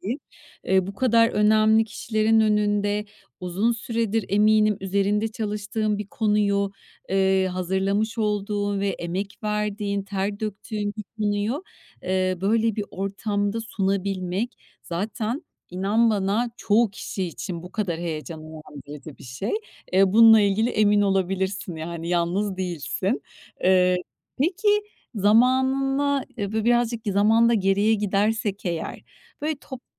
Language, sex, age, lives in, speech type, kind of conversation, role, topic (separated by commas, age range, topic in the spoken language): Turkish, female, 45-49, Spain, conversation, advice, advisor, Yaklaşan bir sunum veya toplantı hakkında neden aşırı kaygı hissediyorsunuz?